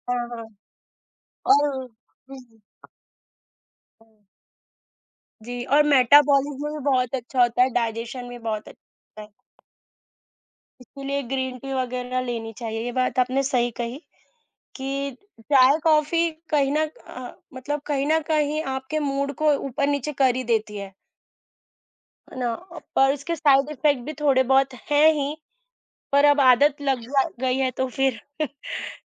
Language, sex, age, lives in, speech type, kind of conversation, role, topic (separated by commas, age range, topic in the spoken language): Hindi, female, 25-29, India, conversation, unstructured, none, क्या आप सुबह चाय पसंद करते हैं या कॉफी, और क्यों?